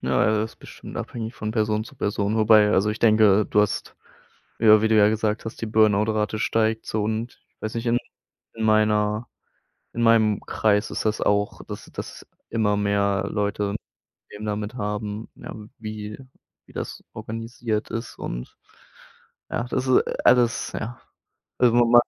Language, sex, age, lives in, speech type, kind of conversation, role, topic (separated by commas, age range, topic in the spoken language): German, male, 25-29, Germany, conversation, podcast, host, Wie lehrt dich die Natur, langsamer zu werden?